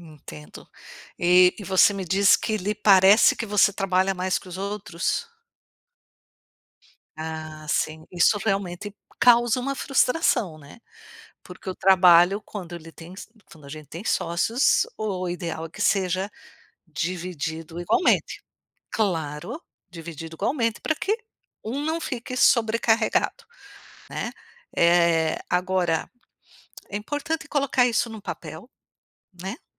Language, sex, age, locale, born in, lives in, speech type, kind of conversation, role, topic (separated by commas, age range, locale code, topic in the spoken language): Portuguese, female, 55-59, pt-BR, Brazil, United States, conversation, advice, advisor, Como lidar com a frustração de um crescimento lento apesar de muito esforço?